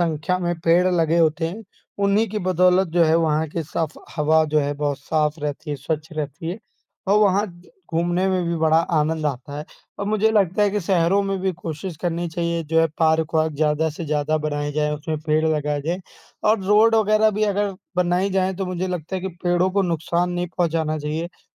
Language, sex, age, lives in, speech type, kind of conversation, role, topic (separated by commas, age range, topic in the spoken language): Hindi, male, 25-29, India, conversation, unstructured, none, पेड़ लगाना हमारे जीवन में कितना महत्वपूर्ण है?